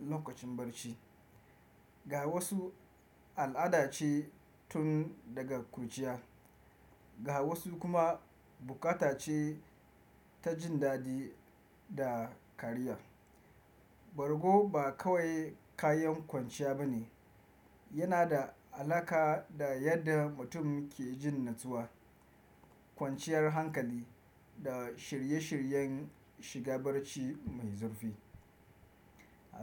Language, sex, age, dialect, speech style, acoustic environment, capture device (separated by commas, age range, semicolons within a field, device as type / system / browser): Hausa, male, 25-34, Nigeria - Kano, relaxed, nature, desktop / Windows / Chrome